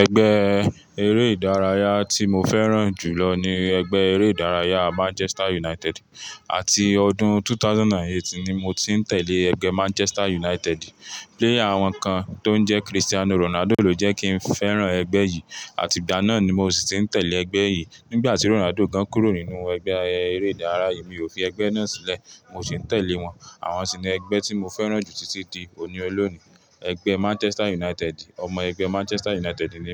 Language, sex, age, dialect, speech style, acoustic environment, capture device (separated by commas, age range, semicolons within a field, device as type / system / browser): Yoruba, male, 25-34, Nigeria - Ibadan, relaxed, traffic; people talking, mobile / Linux / Chrome